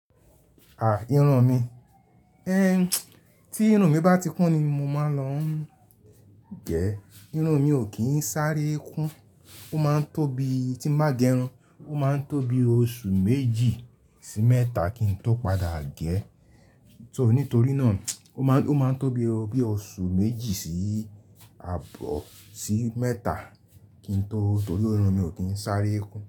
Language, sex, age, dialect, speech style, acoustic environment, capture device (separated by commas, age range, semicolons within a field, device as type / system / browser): Yoruba, male, 35-44, Nigeria - Lagos Yoruba, happy, people talking; nature, mobile / Linux / Chrome